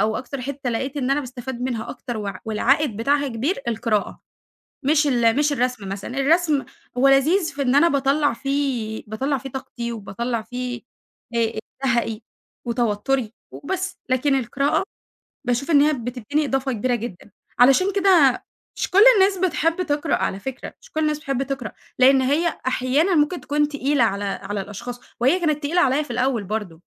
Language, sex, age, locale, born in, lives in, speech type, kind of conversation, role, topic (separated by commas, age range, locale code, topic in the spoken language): Arabic, female, 20-24, ar-EG, Egypt, Egypt, conversation, podcast, guest, إيه نصيحتك لحدّ عايز يبتدي نفس الهواية بتاعتك؟